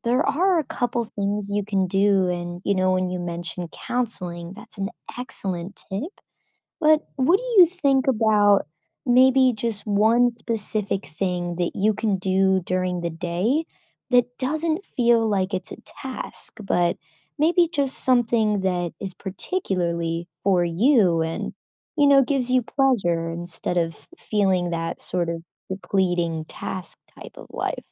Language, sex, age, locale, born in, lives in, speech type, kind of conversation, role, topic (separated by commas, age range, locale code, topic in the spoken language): English, female, 25-29, en-US, United States, United States, conversation, advice, advisor, How can I add variety and energy to my daily routine?